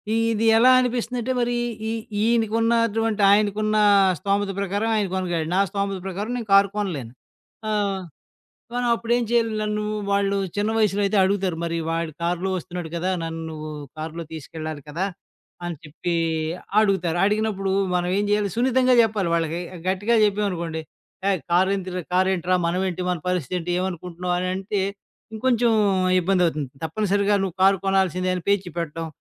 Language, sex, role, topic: Telugu, male, guest, పిల్లలకు ఆజ్ఞలు ఇవ్వడం, మార్గదర్శకత్వం ఇవ్వడం గురించి తరాల అభిప్రాయాలు ఎలా భిన్నంగా ఉంటాయి?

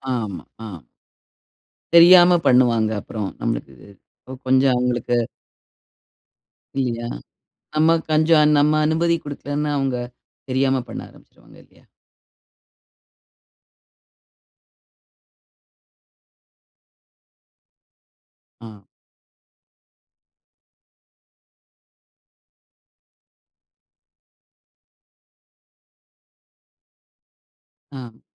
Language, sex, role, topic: Tamil, female, host, சிறுவர்களின் திரை நேரத்திற்கு நீங்கள் எந்த எல்லைகளை அமைத்திருக்கிறீர்கள், அதை எப்படிப் பின்பற்றச் செய்கிறீர்கள்?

